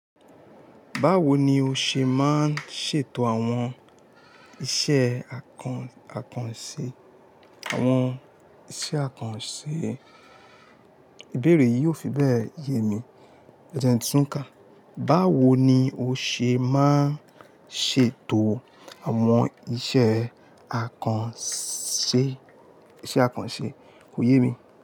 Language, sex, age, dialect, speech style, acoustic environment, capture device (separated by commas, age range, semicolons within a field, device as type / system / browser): Yoruba, male, 25-34, Nigeria - Lagos Yoruba, tired, nature, mobile / Linux / Chrome